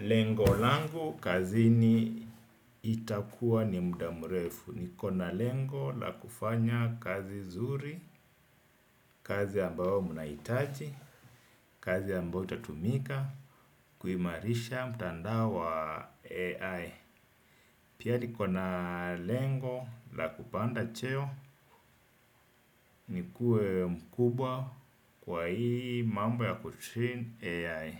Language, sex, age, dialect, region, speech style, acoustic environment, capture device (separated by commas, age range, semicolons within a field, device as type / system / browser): Swahili, male, 35-44, Kenya - Mombasa, Kenya, happy, silence, desktop / Windows / Edge